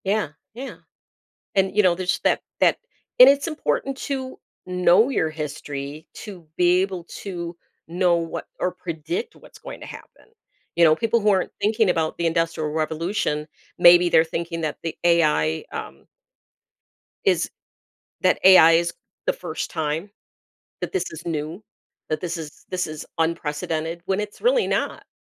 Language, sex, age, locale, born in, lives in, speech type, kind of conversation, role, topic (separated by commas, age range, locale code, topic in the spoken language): English, female, 55-59, en-US, United States, United States, conversation, podcast, host, Why do certain moments in history capture our imagination more than others?